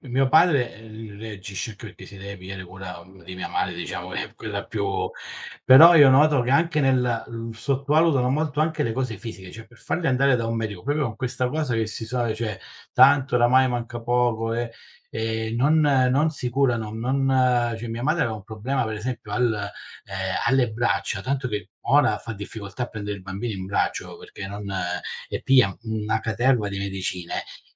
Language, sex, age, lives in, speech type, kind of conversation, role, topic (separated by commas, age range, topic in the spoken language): Italian, male, 40-44, Italy, conversation, unstructured, none, Pensi che la società capisca davvero la depressione?